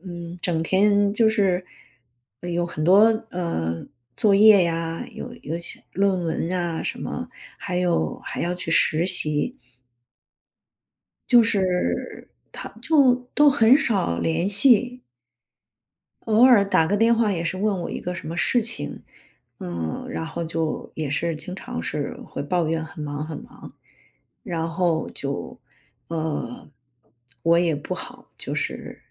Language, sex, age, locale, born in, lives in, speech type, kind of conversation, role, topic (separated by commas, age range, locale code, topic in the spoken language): Chinese, female, 45-49, zh-CN, China, United States, conversation, advice, user, 孩子离家后，我为何会感到生活空虚和失落？